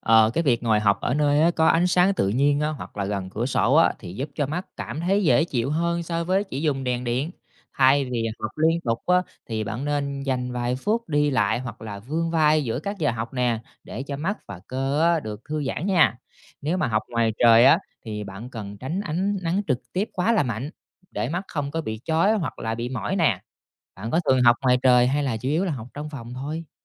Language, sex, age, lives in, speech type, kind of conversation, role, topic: Vietnamese, male, 30-34, Vietnam, read, expert-assistant, expert, Tại sao khi học nhiều dễ bị đau mắt?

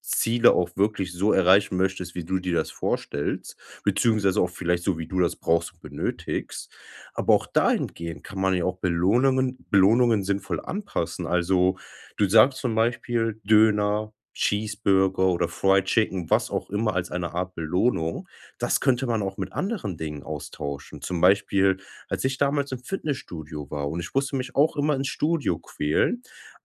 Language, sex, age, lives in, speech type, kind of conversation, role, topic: German, male, 25-29, Germany, conversation, advice, advisor, Wie kann ich meine Motivation mit sinnvollen Belohnungen steigern?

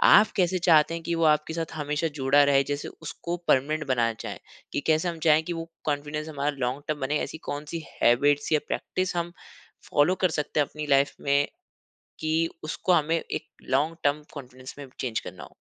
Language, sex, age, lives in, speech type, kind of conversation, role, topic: Hindi, male, 25-29, India, conversation, podcast, host, Kya kapdon se milne wala confidence temporary hota hai ya permanent?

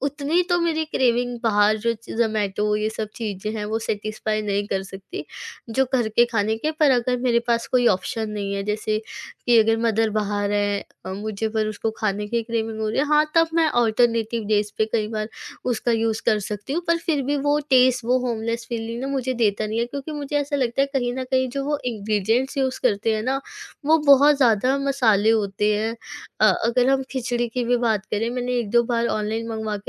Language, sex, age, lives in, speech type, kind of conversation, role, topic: Hindi, female, 20-24, India, conversation, podcast, guest, Tumhare ghar ka sabse zyada sukoon dene wala khana kaunsa hai, aur kyun?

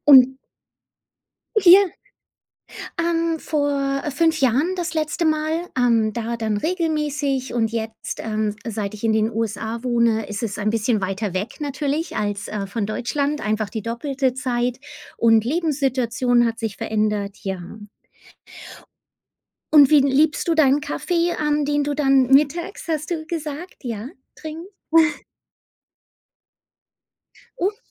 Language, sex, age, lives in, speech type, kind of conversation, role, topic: German, female, 40-44, United States, conversation, unstructured, none, Was bevorzugst du, Kaffee oder Tee, und warum?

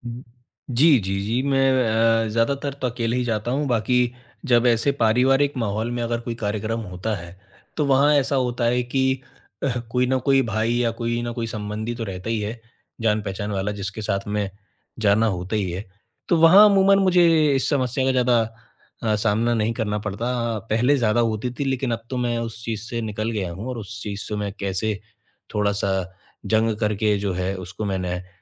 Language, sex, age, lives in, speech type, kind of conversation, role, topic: Hindi, male, 25-29, India, conversation, advice, user, नई भीड़ में मैं खुद को आत्मविश्वास के साथ कैसे पेश करूँ?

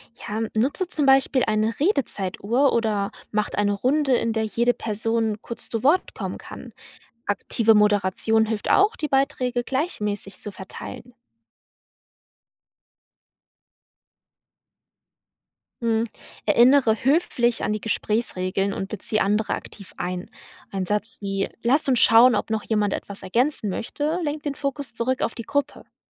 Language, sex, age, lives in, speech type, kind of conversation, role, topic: German, female, 20-24, Germany, read, expert-assistant, expert, Wie finde ich im Gespräch über Religionen einen guten Umgangston, wenn Meinungen auseinandergehen?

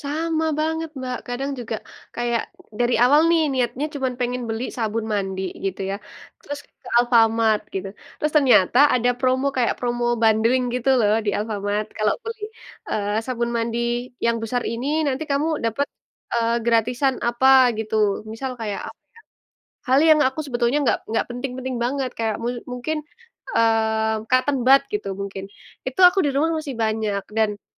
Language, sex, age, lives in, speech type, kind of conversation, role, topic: Indonesian, female, 20-24, Indonesia, conversation, unstructured, none, Apa tantangan terbesar yang kamu hadapi dalam mengelola keuangan pribadi?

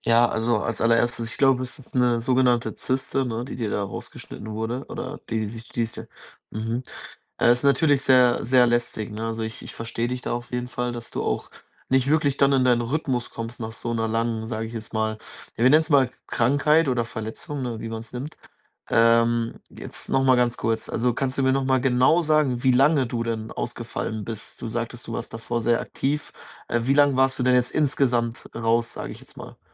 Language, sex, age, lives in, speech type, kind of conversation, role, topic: German, male, 20-24, Germany, conversation, advice, advisor, Wie finde ich nach einer Krankheit wieder in meinen Fitnessrhythmus zurück?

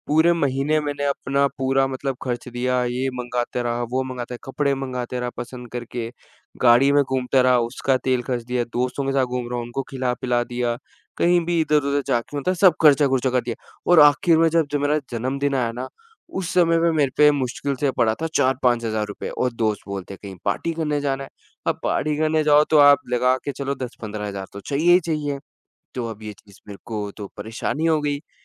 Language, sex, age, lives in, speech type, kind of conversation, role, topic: Hindi, male, 20-24, India, conversation, advice, user, मासिक खर्चों का हिसाब न रखने पर आपके पैसे कम क्यों पड़ जाते हैं?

